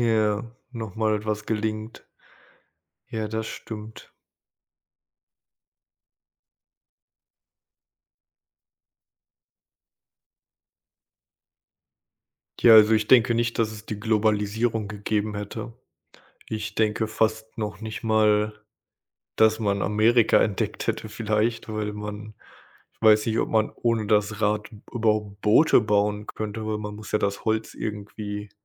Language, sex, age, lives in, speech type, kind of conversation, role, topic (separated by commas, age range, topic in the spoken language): German, male, 25-29, United States, conversation, unstructured, none, Wie hat die Erfindung des Rades die Geschichte geprägt?